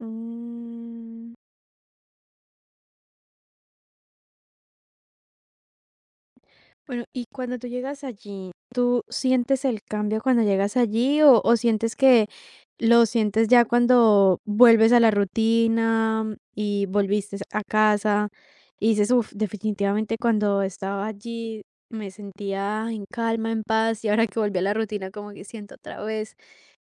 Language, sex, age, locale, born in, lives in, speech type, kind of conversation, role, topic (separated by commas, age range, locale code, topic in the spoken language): Spanish, female, 18-19, es-CO, Colombia, Italy, conversation, podcast, host, ¿Cómo te hace sentir conectar con el paisaje cuando necesitas calma?